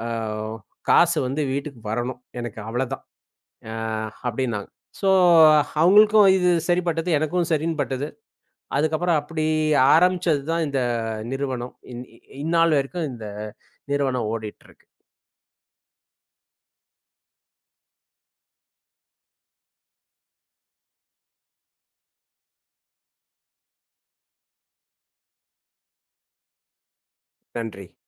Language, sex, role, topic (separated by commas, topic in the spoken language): Tamil, male, guest, ஒரு தொழில் பாதையை மாற்ற முடிவு செய்ய உங்களைத் தூண்டிய முக்கிய காரணம் என்ன?